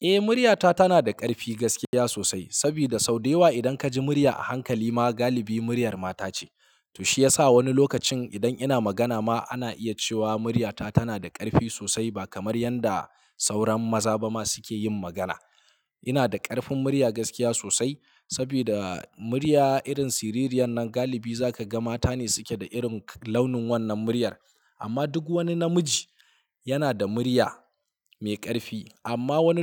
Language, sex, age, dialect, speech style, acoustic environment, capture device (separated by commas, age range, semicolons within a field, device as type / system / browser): Hausa, male, 25-34, Nigeria - Kano, relaxed; focused, silence, mobile / Linux / Chrome